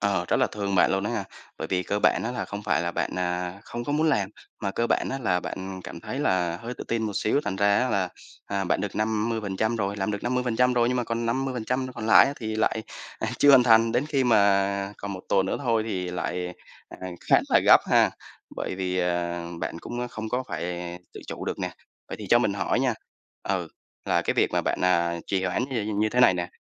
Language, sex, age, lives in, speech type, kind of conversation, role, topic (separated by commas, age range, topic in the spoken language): Vietnamese, male, 25-29, Vietnam, conversation, advice, advisor, Làm sao để tôi ngừng trì hoãn những công việc quan trọng?